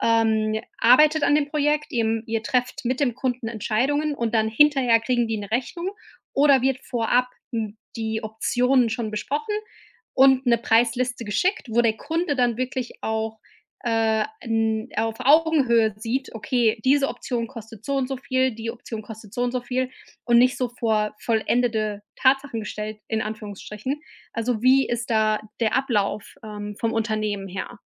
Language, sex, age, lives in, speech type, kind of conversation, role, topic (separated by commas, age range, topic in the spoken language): German, female, 35-39, United States, conversation, advice, advisor, Wie reagiere ich professionell auf negative Kritik, ohne emotional zu werden?